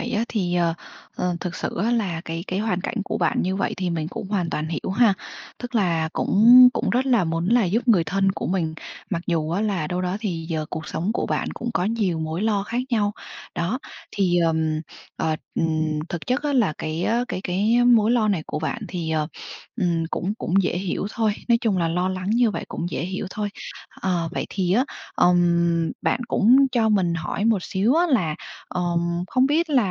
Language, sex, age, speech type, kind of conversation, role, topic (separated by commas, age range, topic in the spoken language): Vietnamese, female, 25-29, conversation, advice, advisor, Tôi nên làm gì khi người thân kỳ vọng tôi hỗ trợ tài chính dù tôi đang gặp khó khăn?